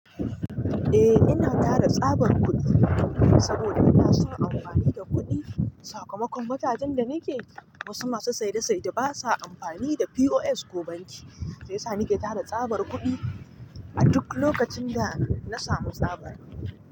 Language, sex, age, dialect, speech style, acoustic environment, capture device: Hausa, male, 25-34, Nigeria - Nigerian Standard, happy, nature; traffic, mobile / Linux / Chrome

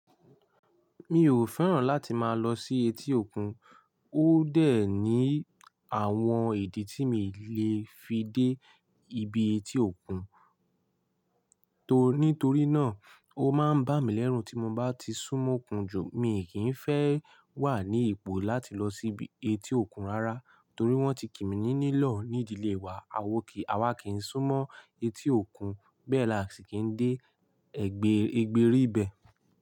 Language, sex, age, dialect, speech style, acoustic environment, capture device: Yoruba, male, 18-24, Nigeria - Ibadan, relaxed, nature, mobile / Linux / Chrome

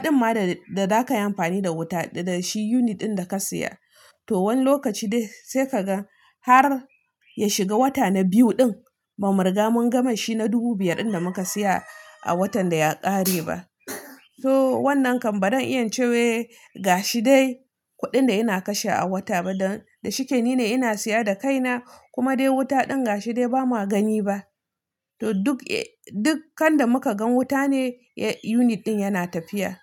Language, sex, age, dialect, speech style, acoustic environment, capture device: Hausa, female, 25-34, Nigeria - Nigerian Standard, relaxed, nature; silence, mobile / Linux / Chrome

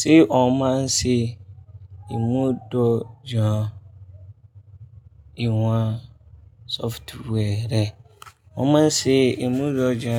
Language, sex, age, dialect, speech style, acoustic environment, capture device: Yoruba, male, 25-34, Nigeria - Kano, focused, people talking; traffic; music; appliances, mobile / Linux / Chrome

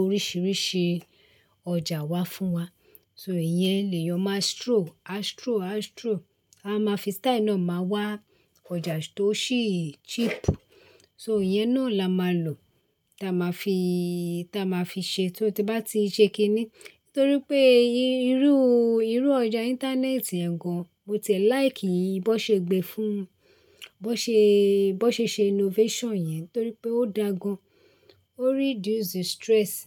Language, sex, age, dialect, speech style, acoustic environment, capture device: Yoruba, female, 35-44, Nigeria - Lagos Yoruba, relaxed, silence, desktop / Linux / Chrome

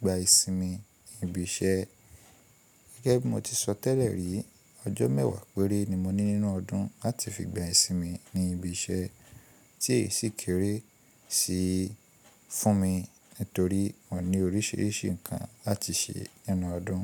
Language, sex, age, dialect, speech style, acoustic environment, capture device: Yoruba, male, 25-34, Nigeria - Ibadan, relaxed, appliances, mobile / Linux / Chrome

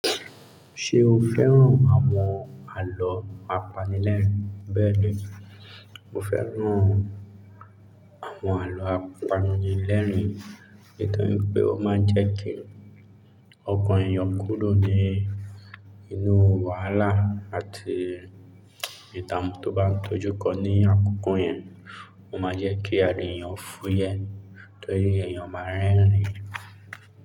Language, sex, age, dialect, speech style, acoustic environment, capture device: Yoruba, male, 25-34, Nigeria - Lagos Yoruba, relaxed; focused; excited, silence, mobile / Linux / Chrome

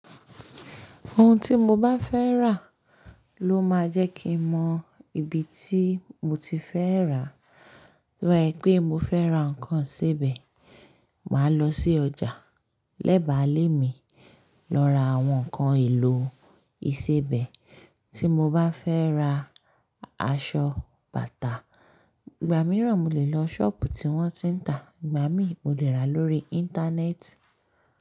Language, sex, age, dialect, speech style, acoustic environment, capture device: Yoruba, female, 25-34, Nigeria - Lagos Yoruba, focused; happy, nature, mobile / Linux / Chrome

